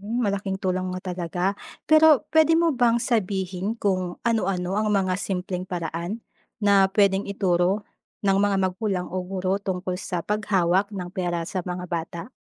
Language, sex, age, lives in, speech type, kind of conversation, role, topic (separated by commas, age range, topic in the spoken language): Filipino, female, 30-34, Philippines, read, expert-assistant, asker, Paano nakakatulong ang pagtuturo ng financial literacy sa kabataan?